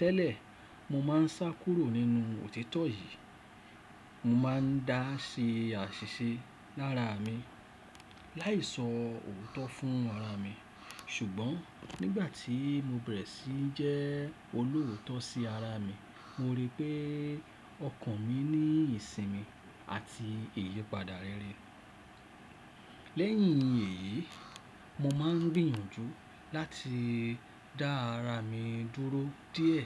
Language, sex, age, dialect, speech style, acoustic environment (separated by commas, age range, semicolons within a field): Yoruba, male, 35-44, Nigeria - Lagos Yoruba, happy; focused; excited; relaxed, silence